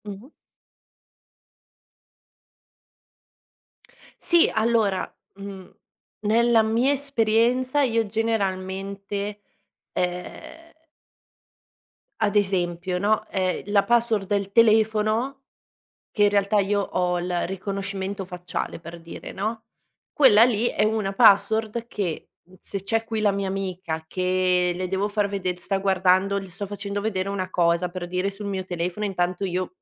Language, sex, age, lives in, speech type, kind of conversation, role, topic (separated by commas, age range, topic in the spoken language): Italian, female, 30-34, Italy, conversation, podcast, guest, Cosa ne pensi del condividere la tua password con il partner o con gli amici?